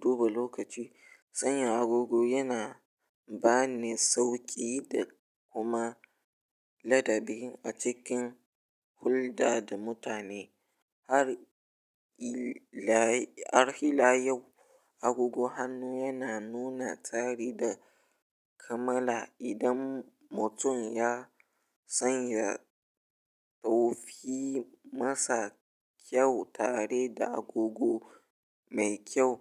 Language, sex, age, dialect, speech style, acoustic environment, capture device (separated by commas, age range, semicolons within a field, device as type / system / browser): Hausa, male, 18-24, Nigeria - Ibadan, relaxed, nature, mobile / Linux / Chrome